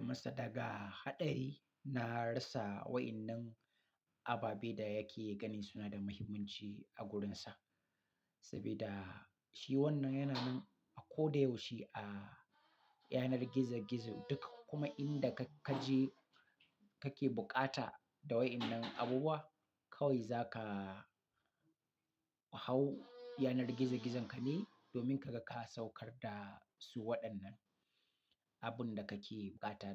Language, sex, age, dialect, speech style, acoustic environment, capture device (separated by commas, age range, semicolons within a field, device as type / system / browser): Hausa, male, 35-44, Nigeria - Katsina, happy; relaxed; excited; focused, silence, mobile / Linux / Chrome